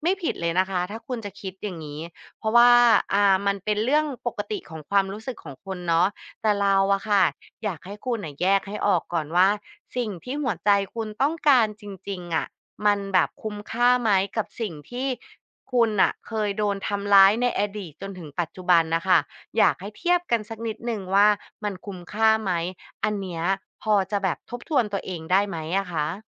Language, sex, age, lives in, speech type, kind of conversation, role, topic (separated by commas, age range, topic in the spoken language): Thai, female, 35-39, Thailand, conversation, advice, advisor, ฉันควรกลับไปหาคนรักเก่าที่เคยทำร้ายจิตใจฉันหรือไม่?